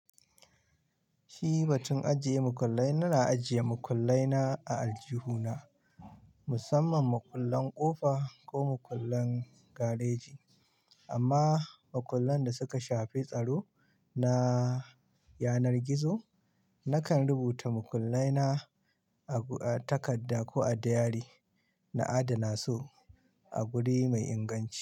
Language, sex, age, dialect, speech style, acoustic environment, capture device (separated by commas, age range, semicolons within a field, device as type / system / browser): Hausa, male, 25-34, Nigeria - Kano, happy, silence, mobile / Linux / Chrome